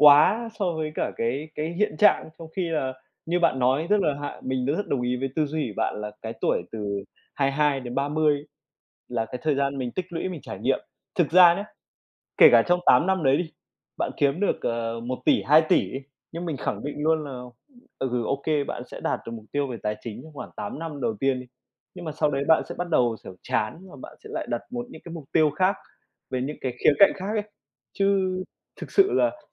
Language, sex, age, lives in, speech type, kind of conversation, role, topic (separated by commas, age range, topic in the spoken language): Vietnamese, male, 25-29, Vietnam, conversation, unstructured, none, Bạn nghĩ gì về việc đặt mục tiêu cá nhân?